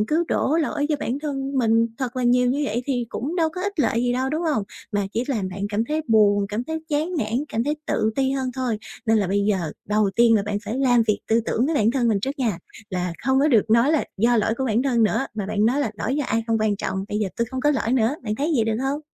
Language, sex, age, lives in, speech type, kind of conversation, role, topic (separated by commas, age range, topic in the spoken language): Vietnamese, female, 20-24, Vietnam, conversation, advice, advisor, Làm sao để khôi phục lòng tin vào bản thân sau khi chia tay và mất kỳ vọng?